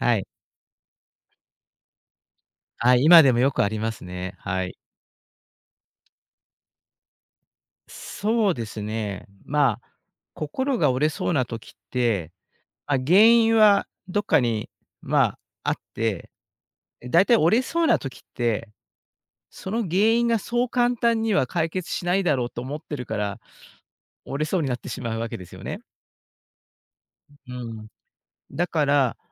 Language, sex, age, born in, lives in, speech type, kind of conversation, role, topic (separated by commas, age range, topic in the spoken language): Japanese, male, 60-64, Japan, Japan, conversation, podcast, guest, 心が折れそうなとき、具体的にどう対処すればよいですか？